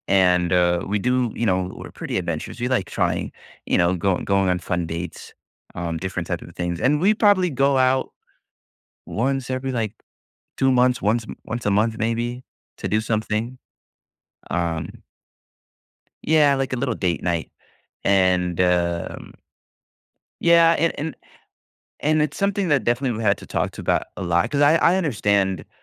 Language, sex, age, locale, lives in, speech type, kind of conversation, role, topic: English, male, 20-24, en-US, United States, conversation, advice, user, How can I balance my job responsibilities with family time without feeling overwhelmed?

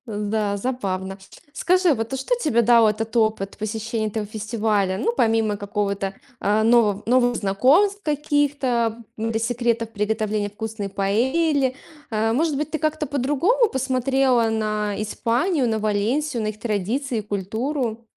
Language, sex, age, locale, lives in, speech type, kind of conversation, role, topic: Russian, female, 35-39, ru-RU, Estonia, conversation, podcast, host, Каким был ваш опыт участия в местном фестивале?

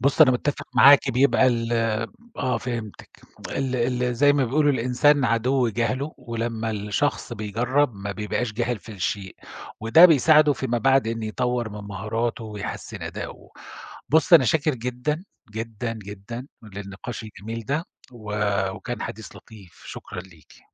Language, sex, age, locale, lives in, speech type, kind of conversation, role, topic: Arabic, male, 50-54, ar-SA, Germany, conversation, podcast, host, إيه آخر حاجة عملتها برّه في الهوا الطلق؟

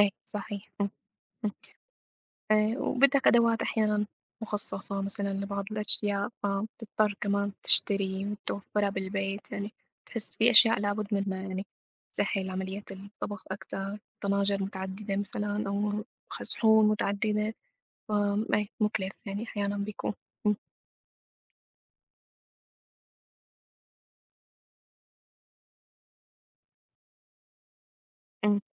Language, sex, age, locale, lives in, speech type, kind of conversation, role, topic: Arabic, female, 25-29, ar-SY, United States, conversation, unstructured, none, بتفضل تطبخ في البيت ولا تاكل من برّه؟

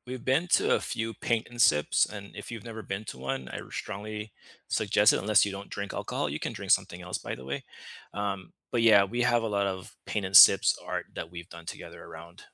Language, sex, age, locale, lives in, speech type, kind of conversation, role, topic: English, male, 35-39, en-US, United States, conversation, unstructured, none, How has your sense of home evolved from childhood rooms to the spaces you choose now?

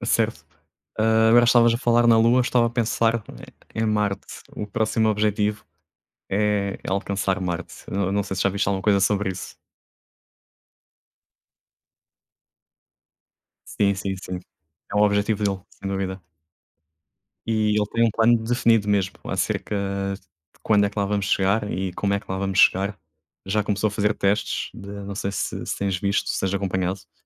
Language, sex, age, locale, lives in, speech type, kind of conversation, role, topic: Portuguese, male, 30-34, pt-PT, Portugal, conversation, unstructured, none, Qual descoberta científica você considera a mais surpreendente?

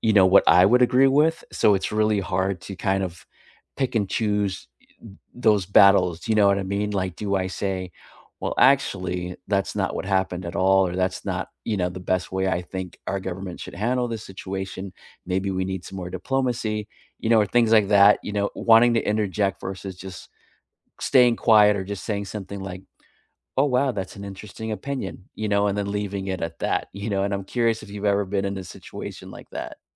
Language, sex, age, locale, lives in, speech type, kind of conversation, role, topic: English, male, 45-49, en-US, United States, conversation, unstructured, none, What does being yourself mean to you?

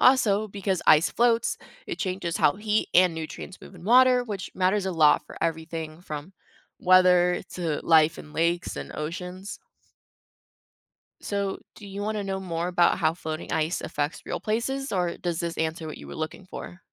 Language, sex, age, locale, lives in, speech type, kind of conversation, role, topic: English, female, 20-24, en-US, United States, read, expert-assistant, expert, Why does ice float on water instead of sinking?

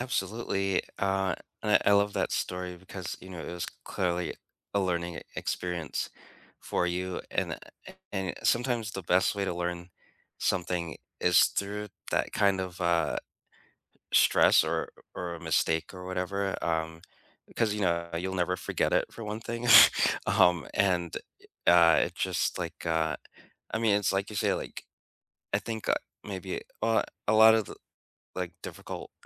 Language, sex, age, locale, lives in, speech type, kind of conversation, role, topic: English, male, 45-49, en-US, United States, conversation, unstructured, none, What class project will you never forget, and what made it so meaningful for you?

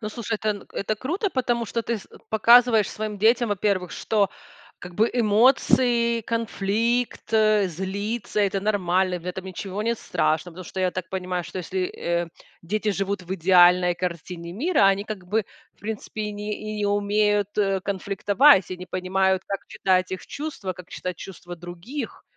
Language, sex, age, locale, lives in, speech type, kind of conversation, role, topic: Russian, female, 40-44, ru-RU, United States, conversation, podcast, host, Как вести себя во время ссоры при детях?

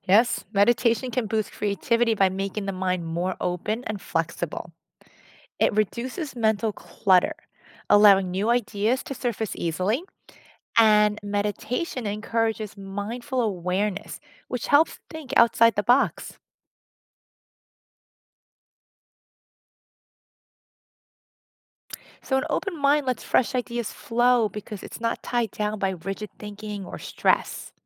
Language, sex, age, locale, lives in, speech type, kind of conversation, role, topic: English, female, 50-54, en-US, United States, read, expert-assistant, expert, What are the health benefits of meditation?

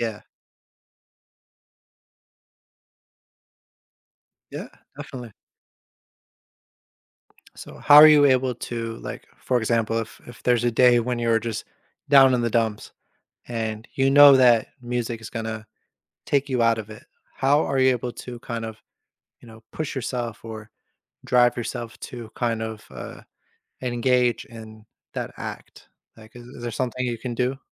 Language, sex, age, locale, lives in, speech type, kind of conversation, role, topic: English, male, 30-34, en-US, United States, conversation, podcast, host, What factors make a hobby meaningful or fulfilling in your life?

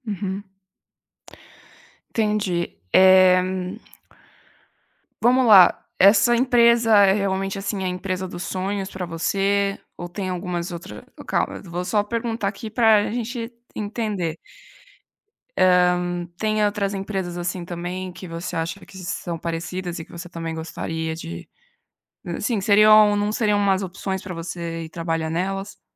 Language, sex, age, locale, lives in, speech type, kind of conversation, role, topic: Portuguese, female, 20-24, pt-BR, Italy, conversation, advice, advisor, Como posso lidar com a sobrecarga causada por mudanças rápidas no trabalho?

